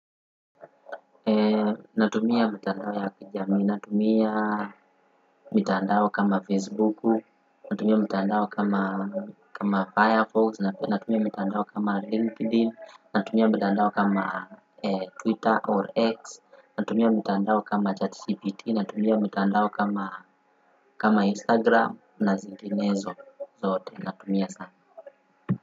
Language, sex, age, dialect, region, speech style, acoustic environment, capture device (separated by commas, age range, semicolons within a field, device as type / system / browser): Swahili, male, 18-24, Kenya - Nairobi Sheng-influenced, Kenya, happy, people talking, desktop / Windows / Firefox